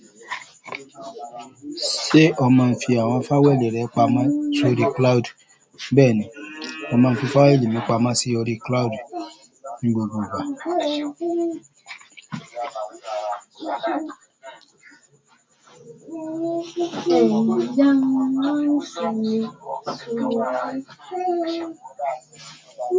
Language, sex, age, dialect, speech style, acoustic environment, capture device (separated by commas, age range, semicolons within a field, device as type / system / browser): Yoruba, male, 35-44, Nigeria - Lagos Yoruba, happy; anxious; excited, people talking; music; traffic, mobile / Android / Chrome